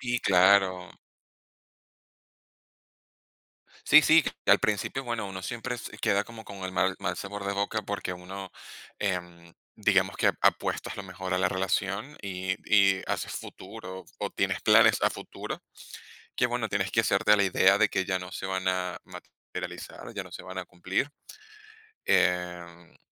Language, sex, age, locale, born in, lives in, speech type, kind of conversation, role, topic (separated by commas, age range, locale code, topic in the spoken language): Spanish, male, 30-34, es-VE, Venezuela, Spain, conversation, advice, user, ¿Cómo has vivido la baja autoestima después de una ruptura amorosa reciente?